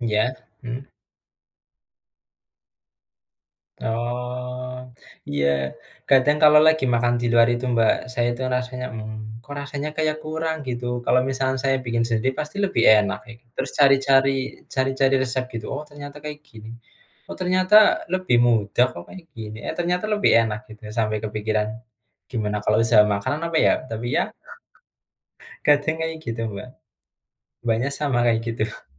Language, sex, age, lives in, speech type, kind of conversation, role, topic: Indonesian, male, 25-29, Indonesia, conversation, unstructured, none, Bagaimana hobi dapat membantu mengurangi stres?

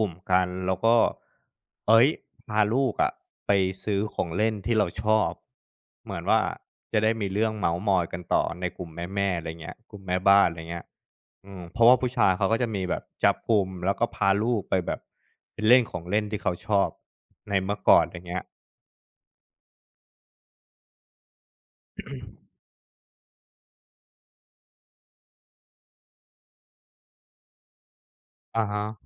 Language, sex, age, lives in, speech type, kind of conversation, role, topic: Thai, male, 35-39, Thailand, conversation, podcast, host, อะไรเป็นสิ่งที่ช่วยปลุกความสนใจเก่า ๆ ให้กลับมาอีกครั้ง?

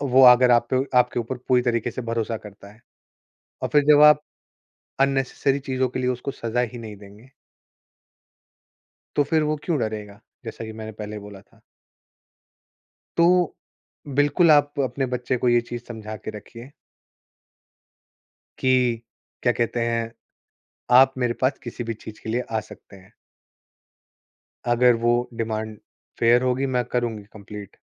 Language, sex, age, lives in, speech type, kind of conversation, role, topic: Hindi, male, 25-29, India, conversation, podcast, guest, Teenager ki privacy aur parents ki involvement ko aap kaise balance karte ho?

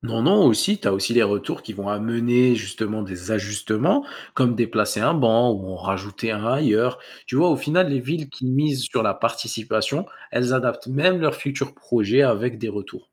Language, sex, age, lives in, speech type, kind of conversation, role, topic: French, male, 20-24, France, read, expert-assistant, expert, Par où commencer si on veut jouer un rôle actif dans l’évolution de son quartier ?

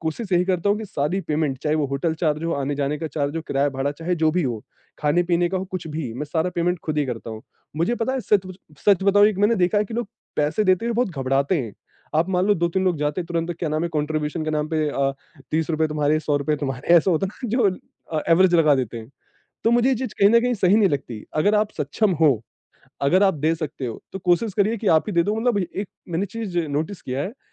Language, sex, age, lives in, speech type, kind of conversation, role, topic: Hindi, male, 25-29, India, conversation, podcast, guest, Reconnect karne ke liye weekend ka plan tum kaise banaoge?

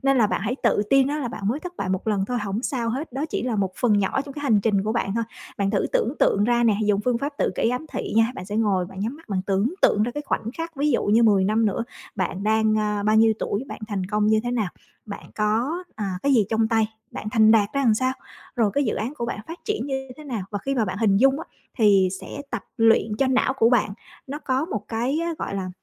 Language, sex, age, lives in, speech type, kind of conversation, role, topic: Vietnamese, female, 35-39, Vietnam, conversation, advice, advisor, Làm sao tôi có thể học từ sai lầm mà không mất tự tin?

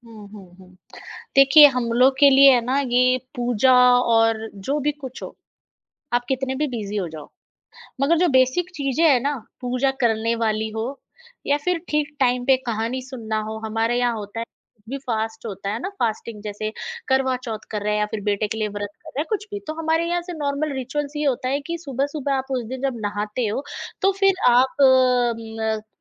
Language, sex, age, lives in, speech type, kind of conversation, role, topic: Hindi, female, 35-39, India, conversation, podcast, guest, Ghar mein puja ya aadhyatmik rivaaj aam taur pe kaise hote hain?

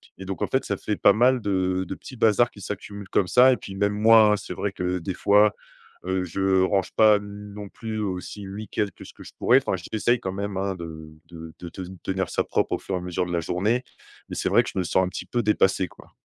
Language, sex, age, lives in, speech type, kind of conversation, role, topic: French, male, 30-34, France, conversation, advice, user, Comment puis-je mieux m’organiser et me discipliner pour gérer les tâches ménagères ?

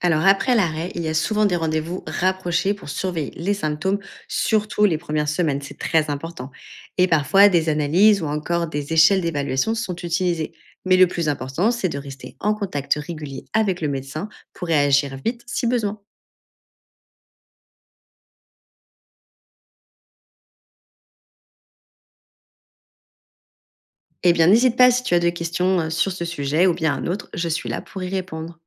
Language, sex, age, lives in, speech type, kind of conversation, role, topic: French, female, 30-34, France, read, expert-assistant, expert, Pourquoi certains médicaments fonctionnent pour certains patients mais pas pour d’autres ?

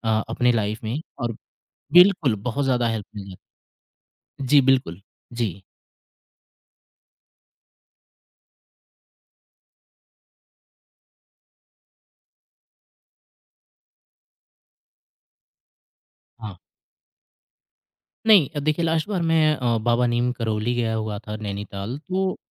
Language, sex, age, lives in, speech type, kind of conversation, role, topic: Hindi, male, 25-29, India, conversation, podcast, guest, Social media se aapko kab padhai mein sabse zyada fayda hua?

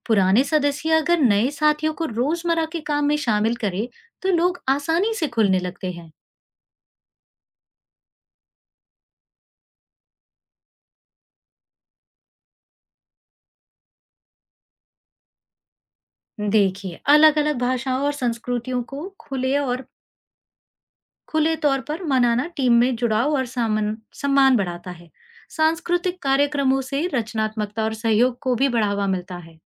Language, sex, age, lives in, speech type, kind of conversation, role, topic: Hindi, female, 40-44, India, read, expert-assistant, expert, काम की जगह पर भाषा बदलना कितना जरूरी है, और इससे खुद को कैसे बेहतर बना सकते हैं?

